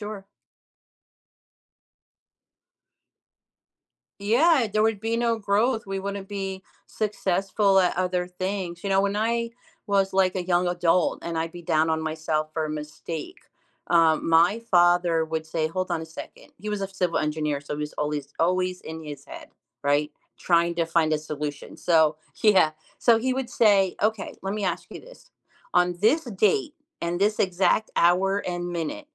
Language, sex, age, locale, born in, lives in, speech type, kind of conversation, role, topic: English, female, 55-59, en-US, United States, United States, conversation, podcast, guest, How have your mistakes helped you grow or change your perspective?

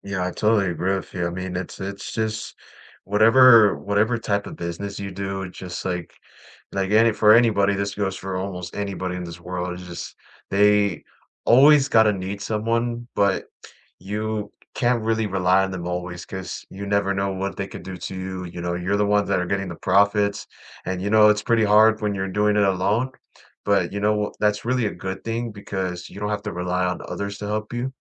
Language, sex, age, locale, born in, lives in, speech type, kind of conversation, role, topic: English, male, 20-24, en-US, United States, United States, conversation, unstructured, none, What new experiences, habits, or relationships do you hope to add in the next year?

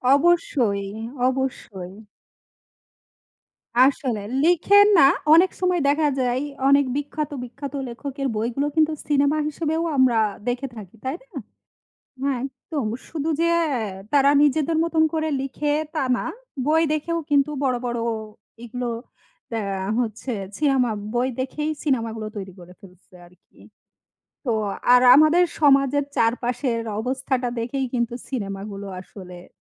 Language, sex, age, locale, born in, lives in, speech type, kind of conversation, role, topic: Bengali, female, 35-39, bn-BD, Bangladesh, Bangladesh, conversation, unstructured, none, আপনি বই পড়া নাকি সিনেমা দেখা—কোনটা বেশি পছন্দ করেন, এবং কেন?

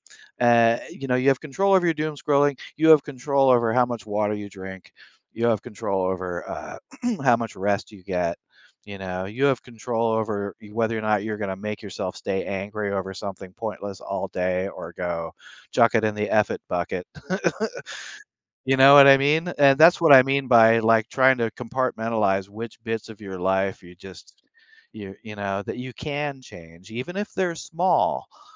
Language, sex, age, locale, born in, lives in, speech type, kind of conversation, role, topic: English, male, 60-64, en-US, United States, United States, conversation, advice, advisor, How can I get unstuck in my daily routine and goals?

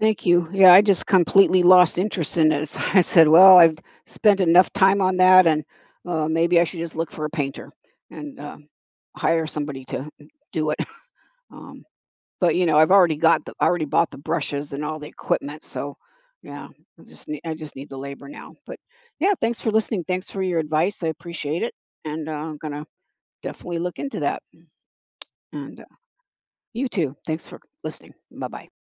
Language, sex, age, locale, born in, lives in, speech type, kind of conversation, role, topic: English, female, 70-74, en-US, United States, United States, conversation, advice, user, How can I regain motivation and make steady progress toward my personal goals?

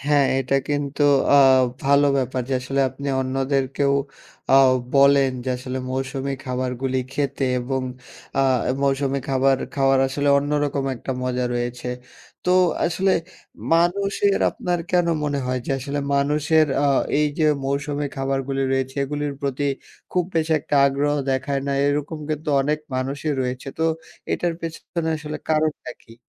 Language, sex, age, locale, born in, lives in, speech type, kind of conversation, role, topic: Bengali, male, 20-24, bn-BD, Bangladesh, Bangladesh, conversation, podcast, host, মৌসুমিক খাবার তোমার খাবারের পছন্দে কী প্রভাব ফেলে?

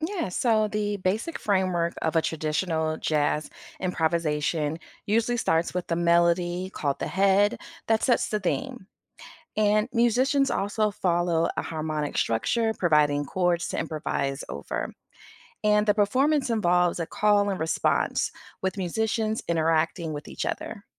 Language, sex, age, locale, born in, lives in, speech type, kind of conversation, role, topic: English, female, 40-44, en-US, United States, United States, read, expert-assistant, expert, What is the structure of a traditional jazz improvisation?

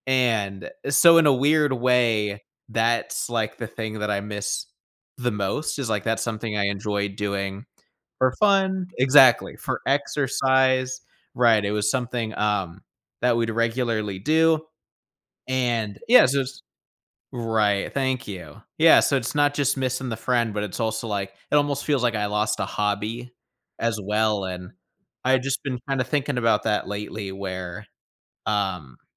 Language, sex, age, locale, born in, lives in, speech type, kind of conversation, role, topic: English, male, 25-29, en-US, United States, United States, conversation, advice, user, How can I reconnect with a friend who drifted away?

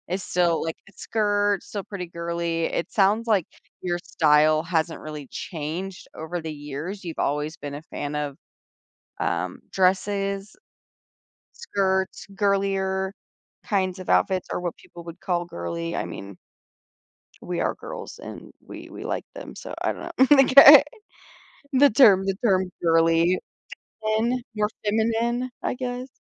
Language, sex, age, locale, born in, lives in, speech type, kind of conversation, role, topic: English, female, 25-29, en-US, United States, United States, conversation, podcast, host, What story does your favorite piece of clothing tell?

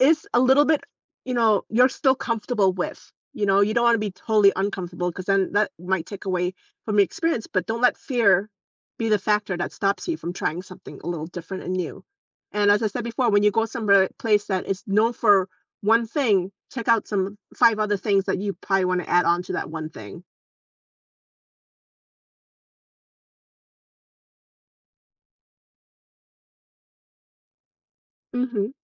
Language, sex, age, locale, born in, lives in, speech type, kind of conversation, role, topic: English, female, 45-49, en-US, South Korea, United States, conversation, podcast, guest, How can trying new experiences while traveling make a trip more meaningful?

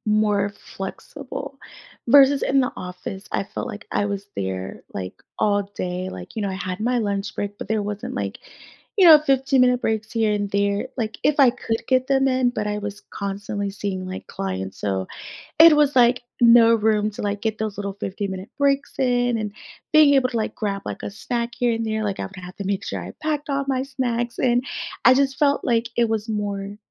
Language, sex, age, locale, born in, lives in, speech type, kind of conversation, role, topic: English, female, 30-34, en-US, United States, United States, conversation, podcast, guest, What strategies help you maintain a healthy balance between your career and personal life?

